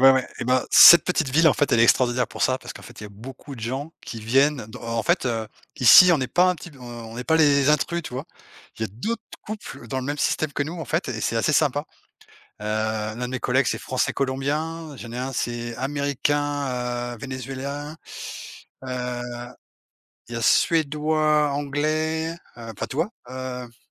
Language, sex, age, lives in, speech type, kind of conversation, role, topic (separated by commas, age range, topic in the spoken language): French, male, 45-49, Portugal, conversation, unstructured, none, Quelle est la pire déception dont tu te souviens ?